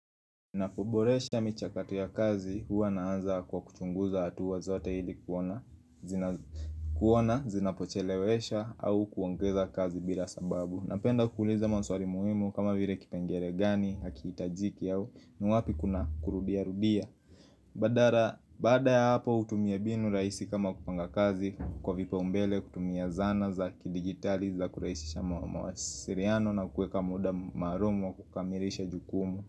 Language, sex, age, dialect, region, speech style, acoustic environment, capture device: Swahili, male, 25-34, Kenya - Nairobi Sheng-influenced, Kenya, happy; excited, music; people talking, mobile / Linux / Chrome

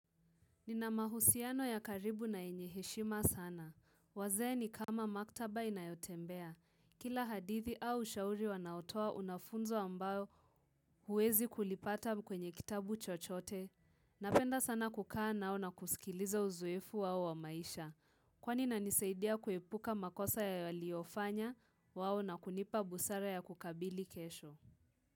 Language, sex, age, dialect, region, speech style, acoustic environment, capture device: Swahili, female, 18-24, Kenya - Nairobi Sheng-influenced, Kenya, relaxed, nature, mobile / Linux / Chrome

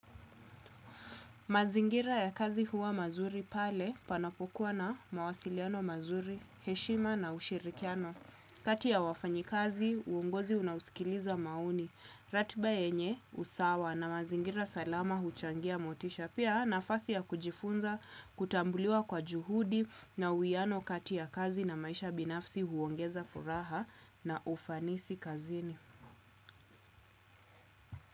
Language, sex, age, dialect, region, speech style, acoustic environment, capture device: Swahili, female, 25-34, Kenya - Nairobi Sheng-influenced, Kenya, relaxed, silence, desktop / Windows / Chrome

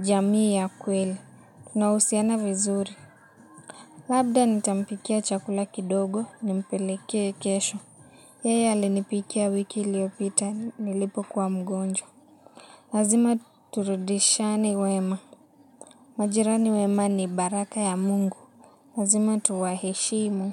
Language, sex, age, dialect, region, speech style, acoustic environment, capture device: Swahili, female, 18-24, Kenya - Nairobi Sheng-influenced, Kenya, relaxed; happy; excited; focused, music; nature; appliances, mobile / Linux / Chrome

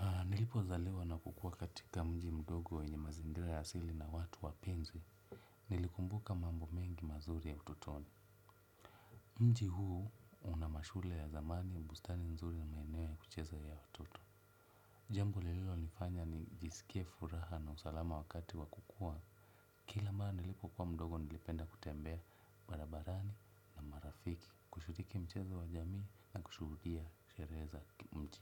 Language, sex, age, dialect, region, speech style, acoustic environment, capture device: Swahili, male, 25-34, Kenya - Nairobi Sheng-influenced, Kenya, relaxed; happy, nature; silence, desktop / Windows / Chrome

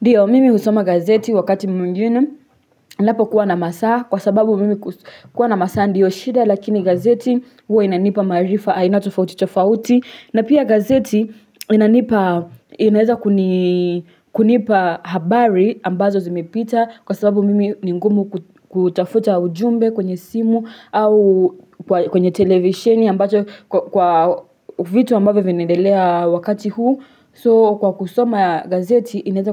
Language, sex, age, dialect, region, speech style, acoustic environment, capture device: Swahili, female, 18-24, Kenya - Nairobi Sheng-influenced, Kenya, happy; relaxed, silence, desktop / Linux / Chrome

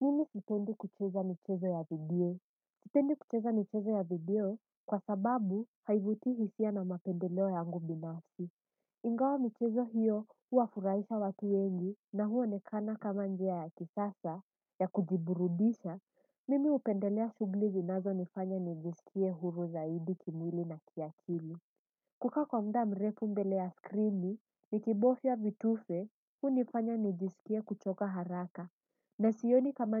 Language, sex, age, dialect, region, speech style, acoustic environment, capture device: Swahili, female, 18-24, Kenya - Mombasa, Kenya, focused, nature, desktop / Windows / Edge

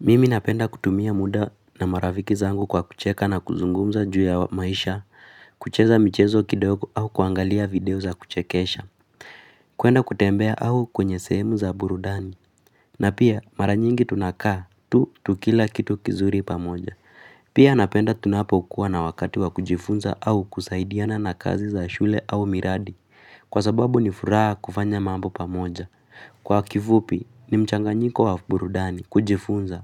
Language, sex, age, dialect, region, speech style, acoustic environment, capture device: Swahili, female, 18-24, Kenya - Nairobi Sheng-influenced, Kenya, happy, silence, mobile / Linux / Chrome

